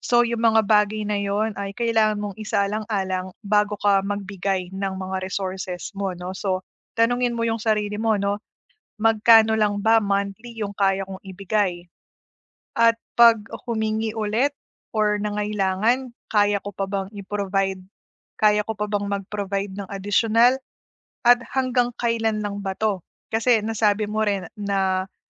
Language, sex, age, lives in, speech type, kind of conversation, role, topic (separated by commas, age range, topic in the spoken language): Filipino, female, 30-34, Philippines, conversation, advice, advisor, Paano ako magtatakda ng hangganan sa pamilya nang hindi nakasasakit ng damdamin?